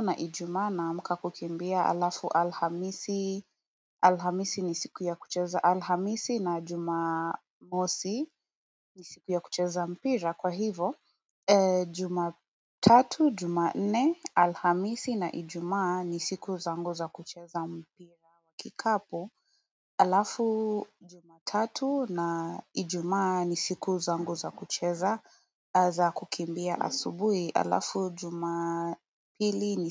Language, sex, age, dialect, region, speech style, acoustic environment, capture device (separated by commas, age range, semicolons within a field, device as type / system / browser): Swahili, female, 18-24, Kenya - Nairobi Sheng-influenced, Kenya, relaxed, silence, mobile / Android / Chrome